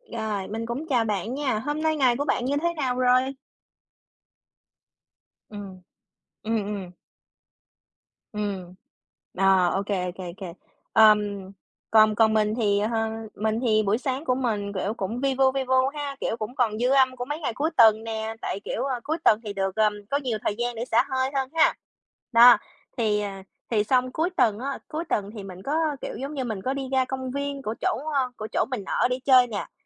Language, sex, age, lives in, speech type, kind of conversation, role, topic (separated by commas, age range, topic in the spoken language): Vietnamese, female, 30-34, United States, conversation, unstructured, none, Theo bạn, vì sao cây xanh quan trọng đối với thành phố?